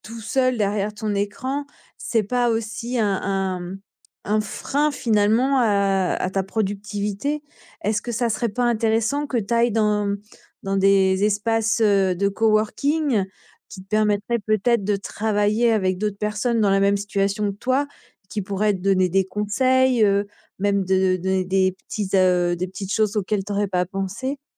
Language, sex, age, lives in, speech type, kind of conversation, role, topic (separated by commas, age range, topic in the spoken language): French, female, 45-49, France, conversation, advice, advisor, Comment expliquer que je travaille trop d’heures sans être vraiment productif en profondeur ?